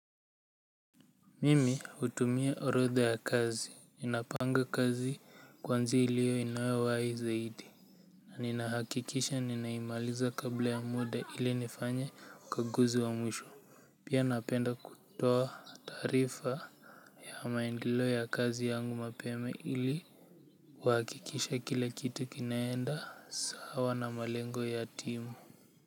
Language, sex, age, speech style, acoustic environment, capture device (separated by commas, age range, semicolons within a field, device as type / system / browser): Swahili, male, 18-24, relaxed, nature, mobile / Linux / Chrome